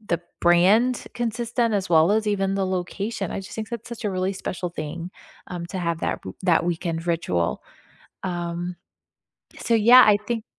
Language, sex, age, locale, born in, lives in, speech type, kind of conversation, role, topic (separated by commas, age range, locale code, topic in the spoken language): English, female, 40-44, en-US, United States, United States, conversation, unstructured, none, What is the story behind your favorite weekend ritual, and what makes it meaningful to you?